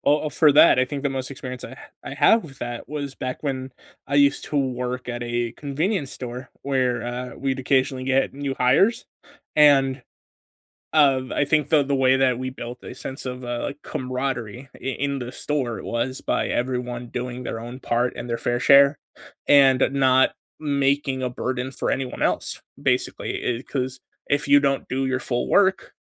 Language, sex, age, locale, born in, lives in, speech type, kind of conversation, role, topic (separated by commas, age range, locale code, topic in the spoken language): English, male, 20-24, en-US, United States, United States, conversation, unstructured, none, How can communities build a sense of belonging through small actions that help everyone feel seen and connected?